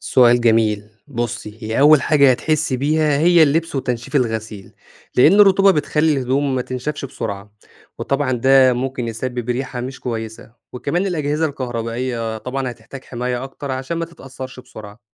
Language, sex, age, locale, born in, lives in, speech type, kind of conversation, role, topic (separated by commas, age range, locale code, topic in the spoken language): Arabic, male, 25-29, ar-EG, Egypt, Egypt, read, expert-assistant, expert, تخيل لو فجأة بقى في مصر مناخ استوائي زي البرازيل – إيه اللي هيتغير في حياتنا وطبيعة بلدنا؟